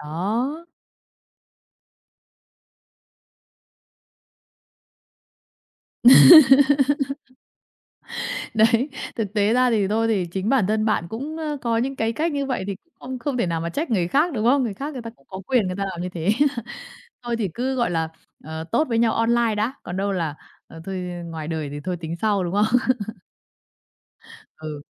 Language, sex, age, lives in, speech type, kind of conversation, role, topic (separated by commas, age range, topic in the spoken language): Vietnamese, female, 30-34, Vietnam, conversation, podcast, host, Like và follow ảnh hưởng đến cảm xúc của bạn như thế nào?